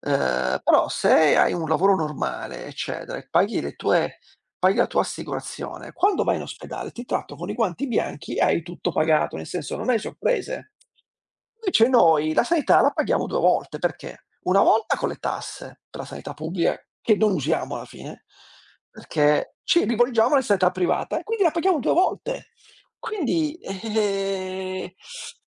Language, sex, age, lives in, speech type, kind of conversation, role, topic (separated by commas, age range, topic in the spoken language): Italian, male, 45-49, Italy, conversation, unstructured, none, Pensi che sia giusto pagare tasse elevate?